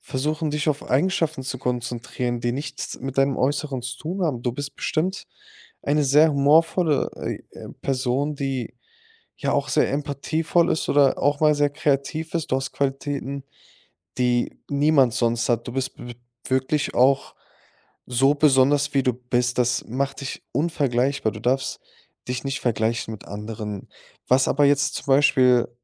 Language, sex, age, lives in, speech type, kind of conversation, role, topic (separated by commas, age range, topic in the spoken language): German, male, 25-29, Germany, conversation, advice, advisor, Wie erlebst du Körperbildprobleme und Unsicherheit in Bezug auf dein Aussehen?